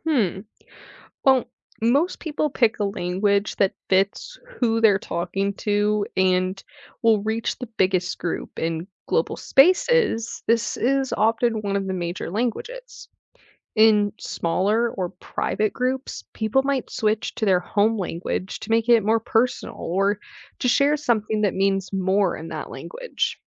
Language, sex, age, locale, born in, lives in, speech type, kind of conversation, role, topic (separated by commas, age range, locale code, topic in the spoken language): English, female, 20-24, en-US, United States, United States, read, expert-assistant, expert, Could technology ever make it possible to have a universal language?